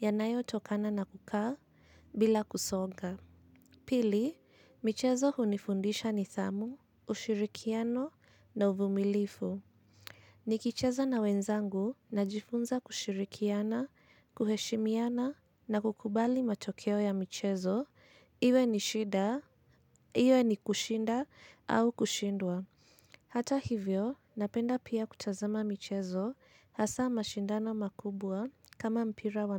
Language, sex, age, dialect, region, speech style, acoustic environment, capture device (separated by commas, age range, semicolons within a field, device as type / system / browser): Swahili, female, 25-34, Kenya - Nairobi Sheng-influenced, Kenya, anxious, silence, mobile / Linux / Chrome